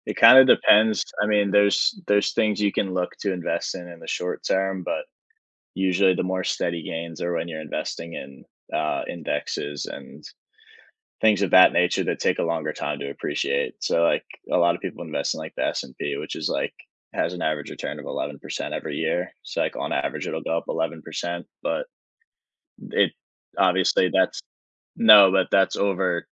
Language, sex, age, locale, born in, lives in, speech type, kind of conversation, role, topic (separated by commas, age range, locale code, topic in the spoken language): English, male, 20-24, en-US, United States, United States, conversation, podcast, guest, What steps can help someone create and achieve meaningful financial goals?